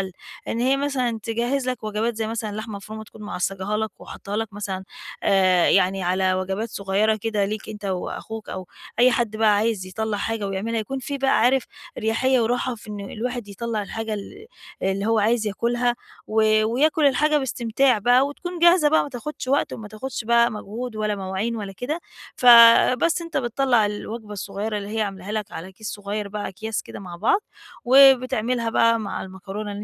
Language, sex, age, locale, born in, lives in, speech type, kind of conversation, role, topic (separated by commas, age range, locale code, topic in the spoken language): Arabic, female, 40-44, ar-EG, Egypt, Portugal, conversation, advice, advisor, إزاي أقدر أقلل هدر الأكل والوقت وأنا بحضّر الأكل؟